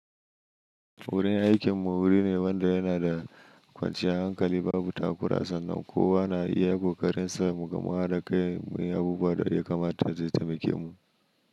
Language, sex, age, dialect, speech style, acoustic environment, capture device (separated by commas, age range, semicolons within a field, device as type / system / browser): Hausa, male, 25-34, Nigeria - Nigerian Standard, anxious; happy, silence; nature, mobile / Linux / Chrome